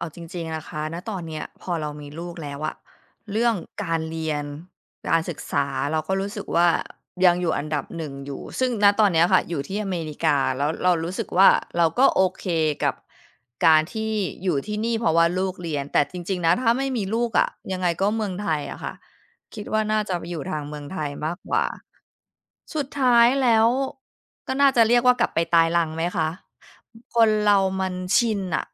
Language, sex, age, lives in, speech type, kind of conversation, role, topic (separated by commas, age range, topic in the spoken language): Thai, female, 35-39, United States, conversation, podcast, guest, บ้านสำหรับคุณหมายถึงอะไร?